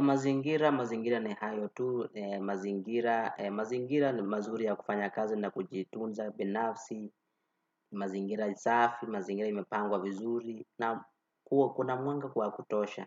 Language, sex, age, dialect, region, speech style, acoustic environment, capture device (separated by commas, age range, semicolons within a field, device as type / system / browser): Swahili, male, 18-24, Kenya - Nairobi Sheng-influenced, Kenya, happy, people talking, desktop / Windows / Chrome